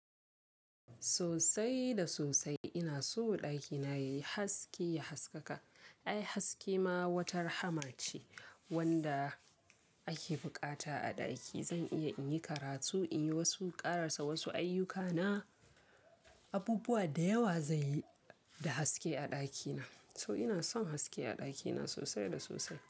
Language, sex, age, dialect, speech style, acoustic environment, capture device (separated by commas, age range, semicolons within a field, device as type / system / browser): Hausa, female, 18-24, Nigeria - Kano, focused, people talking; silence; appliances, mobile / Linux / Chrome